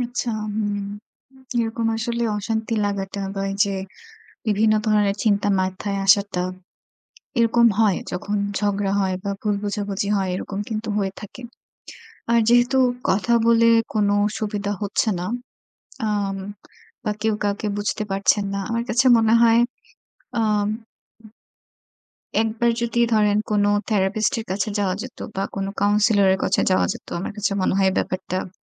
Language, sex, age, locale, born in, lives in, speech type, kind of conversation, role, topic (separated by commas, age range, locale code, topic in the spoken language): Bengali, female, 25-29, bn-BD, Bangladesh, Bangladesh, conversation, advice, advisor, কেন আমার সম্পর্কে বারবার একই ধরনের ঝগড়া হচ্ছে?